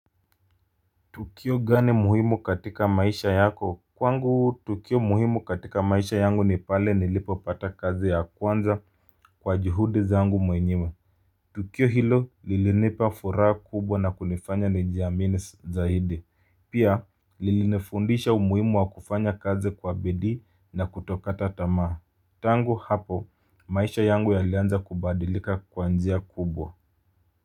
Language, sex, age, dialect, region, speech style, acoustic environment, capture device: Swahili, male, 25-34, Kenya - Mombasa, Kenya, anxious, music, mobile / Linux / Chrome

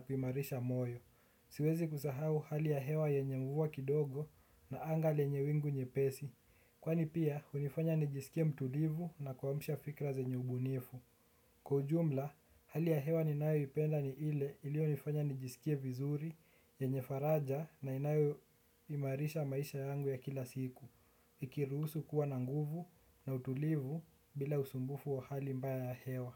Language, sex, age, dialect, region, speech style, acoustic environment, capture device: Swahili, male, 18-24, Kenya - Nairobi Sheng-influenced, Kenya, relaxed, nature; music, desktop / Windows / Chrome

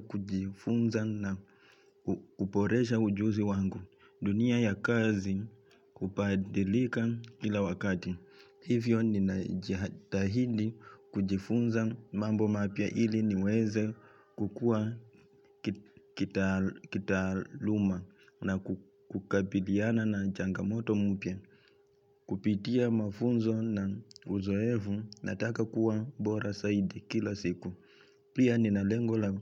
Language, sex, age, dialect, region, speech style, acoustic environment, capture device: Swahili, male, 25-34, Kenya - Nairobi Sheng-influenced, Kenya, relaxed, silence; nature, mobile / Linux / Chrome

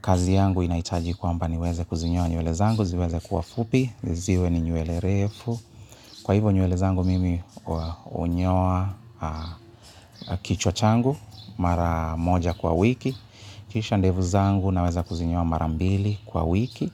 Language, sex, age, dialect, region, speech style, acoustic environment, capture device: Swahili, male, 35-44, Kenya - Nairobi Sheng-influenced, Kenya, happy; relaxed; excited; nervous, people talking; appliances, mobile / Linux / Chrome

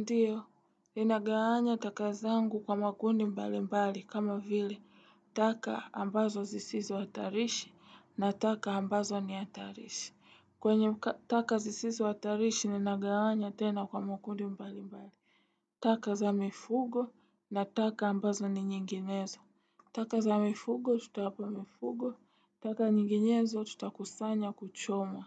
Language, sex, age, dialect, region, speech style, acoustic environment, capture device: Swahili, female, 18-24, Tanzania - Dar es Salaam, Tanzania, relaxed, silence, desktop / Windows / Chrome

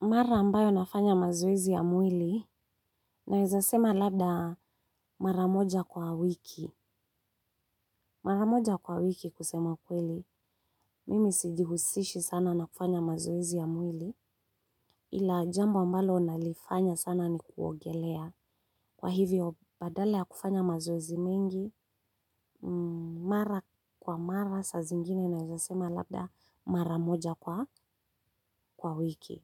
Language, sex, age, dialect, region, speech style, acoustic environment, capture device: Swahili, female, 35-44, Kenya - Nairobi Sheng-influenced, Kenya, happy, silence, mobile / Linux / Chrome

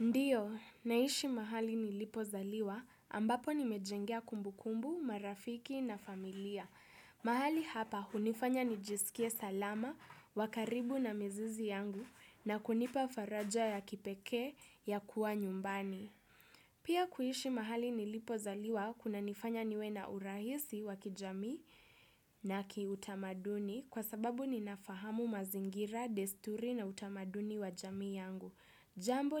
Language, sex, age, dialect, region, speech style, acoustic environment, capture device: Swahili, female, 18-24, Kenya - Nairobi Sheng-influenced, Kenya, focused, silence, desktop / Windows / Chrome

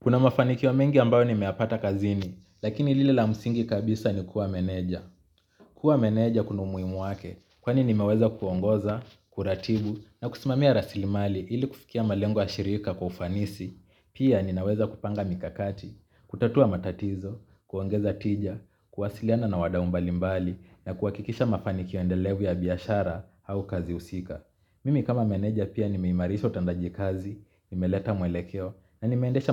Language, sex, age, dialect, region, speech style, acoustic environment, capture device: Swahili, male, 25-34, Kenya - Mombasa, Kenya, relaxed; focused, nature; people talking, mobile / Linux / Chrome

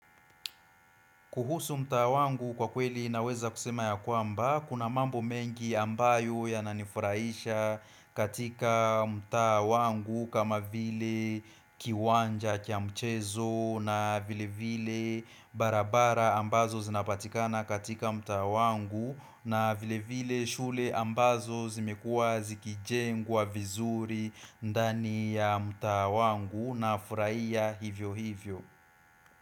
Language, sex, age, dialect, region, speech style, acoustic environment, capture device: Swahili, male, 25-34, Kenya - Nairobi Sheng-influenced, Kenya, focused, silence, desktop / Windows / Chrome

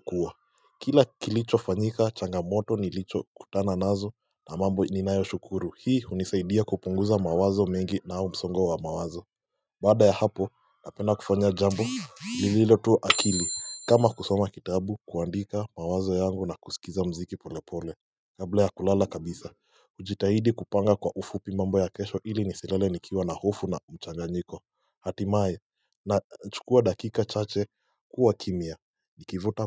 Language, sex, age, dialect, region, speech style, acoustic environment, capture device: Swahili, male, 18-24, Kenya - Mombasa, Kenya, relaxed, silence, mobile / Linux / Chrome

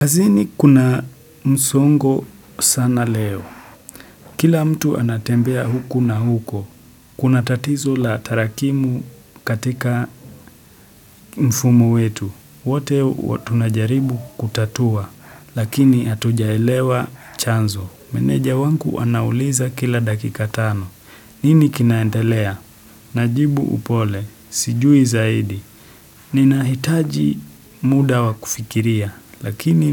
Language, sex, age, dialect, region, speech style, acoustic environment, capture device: Swahili, male, 18-24, Kenya - Nairobi Sheng-influenced, Kenya, happy; relaxed, silence, desktop / Windows / Chrome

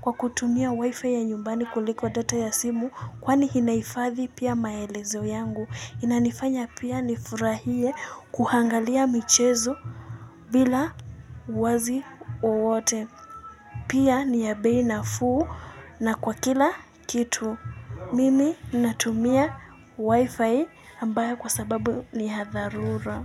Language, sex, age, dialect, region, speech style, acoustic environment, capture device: Swahili, female, 18-24, Kenya - Nairobi Sheng-influenced, Kenya, focused; excited, people talking; music; nature, mobile / Linux / Chrome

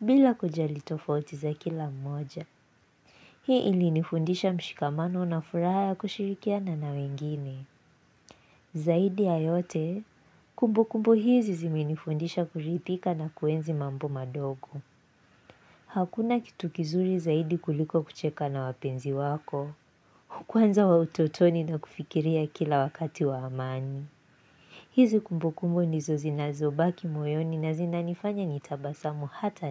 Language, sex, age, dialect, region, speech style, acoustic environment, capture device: Swahili, female, 18-24, Kenya - Nairobi Sheng-influenced, Kenya, focused, silence, mobile / Linux / Chrome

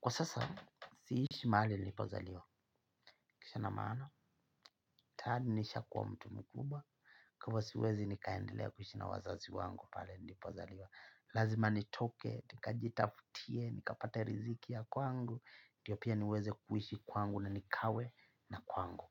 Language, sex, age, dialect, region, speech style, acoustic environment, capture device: Swahili, male, 25-34, Kenya - Mombasa, Kenya, happy; relaxed; focused, nature, mobile / Linux / Chrome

